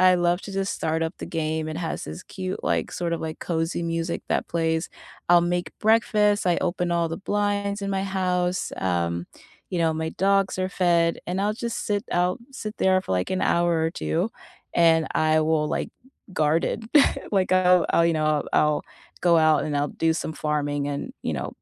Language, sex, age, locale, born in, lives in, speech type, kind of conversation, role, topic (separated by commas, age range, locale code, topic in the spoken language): English, female, 30-34, en-US, United States, United States, conversation, unstructured, none, What simple rituals or weekend routines help you genuinely recharge after a long, draining week, and why do they work for you?